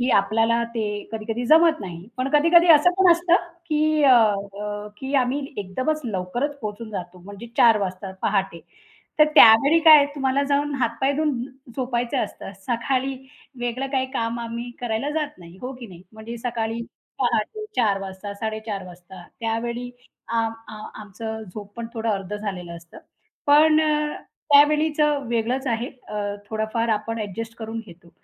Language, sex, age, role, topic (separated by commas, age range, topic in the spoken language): Marathi, female, 35-39, guest, बाहेरून परतल्यावर घरात झटपट आराम कसा मिळवता?